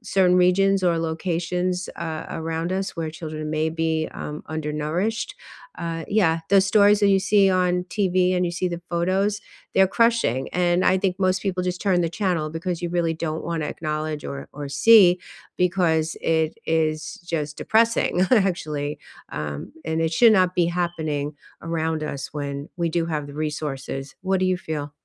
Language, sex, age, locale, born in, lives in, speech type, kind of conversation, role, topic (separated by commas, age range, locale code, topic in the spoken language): English, female, 60-64, en-US, United States, United States, conversation, unstructured, none, How does reading stories about child hunger make you feel?